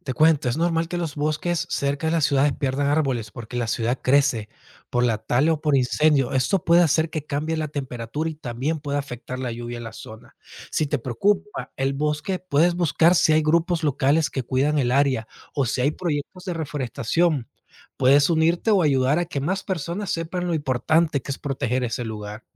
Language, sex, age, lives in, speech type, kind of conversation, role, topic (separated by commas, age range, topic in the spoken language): Spanish, male, 30-34, United States, read, expert-assistant, expert, ¿Qué efectos tiene la deforestación en los ecosistemas?